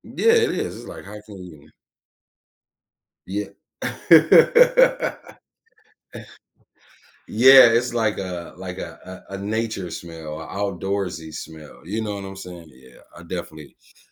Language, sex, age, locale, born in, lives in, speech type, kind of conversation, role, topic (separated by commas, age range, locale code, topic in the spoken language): English, male, 35-39, en-US, United States, United States, conversation, unstructured, none, Why do certain smells trigger such vivid memories from our past?